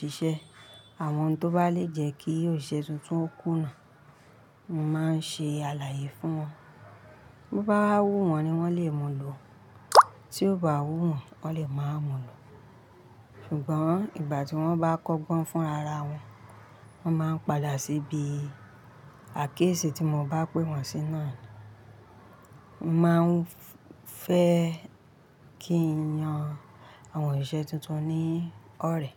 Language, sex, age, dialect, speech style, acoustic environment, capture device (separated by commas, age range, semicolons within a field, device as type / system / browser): Yoruba, female, 35-44, Nigeria - Ibadan, happy; relaxed; focused, appliances; nature; people talking; traffic, mobile / Linux / Chrome